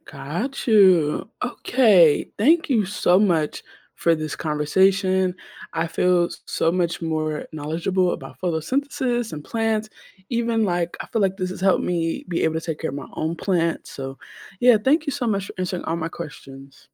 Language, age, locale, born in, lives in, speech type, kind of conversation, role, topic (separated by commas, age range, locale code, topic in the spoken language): English, 25-29, en-US, United States, United States, read, expert-assistant, asker, How does photosynthesis help plants grow?